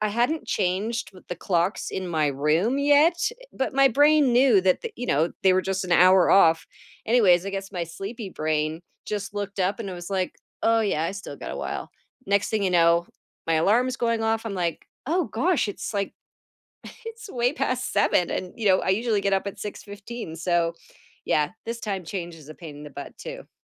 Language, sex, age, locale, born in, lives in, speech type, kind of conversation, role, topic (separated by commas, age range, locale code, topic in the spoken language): English, female, 50-54, en-CA, Canada, United States, conversation, unstructured, none, How can we add simple movement and play to feel more connected?